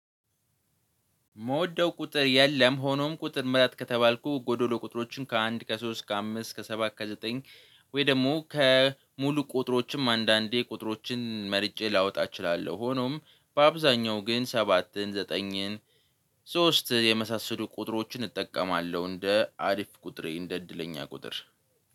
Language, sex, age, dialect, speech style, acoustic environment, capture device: Amharic, male, 18-24, Ethiopia - Addis Ababa, relaxed, nature, mobile / Linux / Chrome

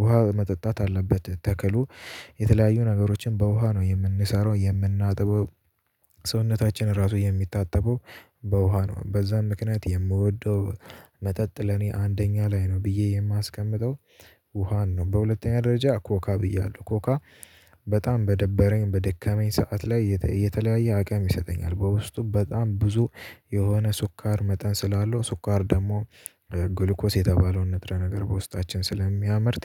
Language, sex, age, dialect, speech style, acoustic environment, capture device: Amharic, male, 18-24, Ethiopia - Addis Ababa, tired; anxious; nervous, nature; music; construction, mobile / Linux / Chrome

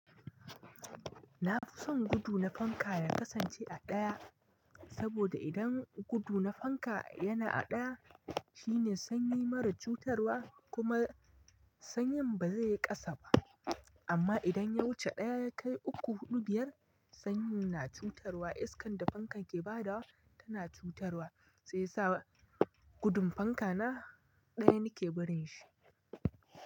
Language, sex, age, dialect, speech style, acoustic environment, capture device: Hausa, male, 25-34, Nigeria - Nigerian Standard, happy, nature, mobile / Linux / Chrome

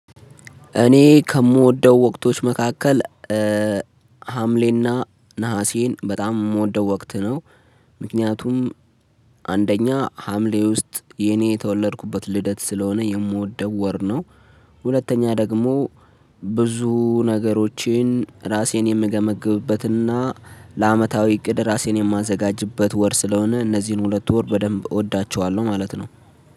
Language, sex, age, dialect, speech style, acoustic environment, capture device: Amharic, male, 25-34, Ethiopia - Addis Ababa, focused; excited, silence, mobile / Linux / Chrome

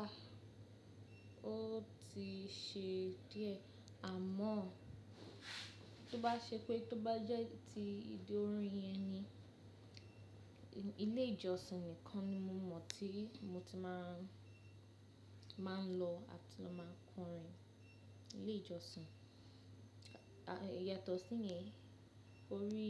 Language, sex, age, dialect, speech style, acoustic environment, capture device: Yoruba, female, 25-34, Nigeria - Lagos Yoruba, happy; focused, construction, mobile / Linux / Chrome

